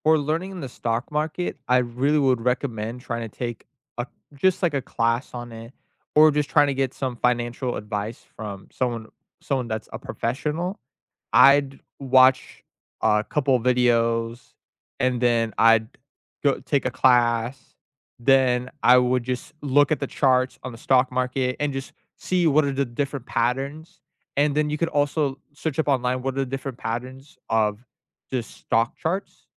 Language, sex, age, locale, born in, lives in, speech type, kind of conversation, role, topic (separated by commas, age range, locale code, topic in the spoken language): English, male, 20-24, en-US, United States, United States, conversation, unstructured, none, What’s the best thing about learning something new?